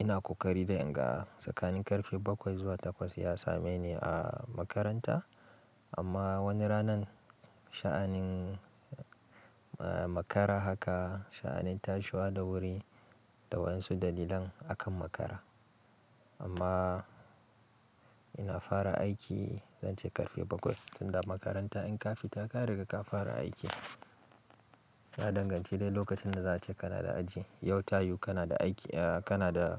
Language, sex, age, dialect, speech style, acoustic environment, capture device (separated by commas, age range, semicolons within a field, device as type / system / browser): Hausa, male, 25-34, Nigeria - Kano, relaxed; focused, silence, mobile / Linux / Chrome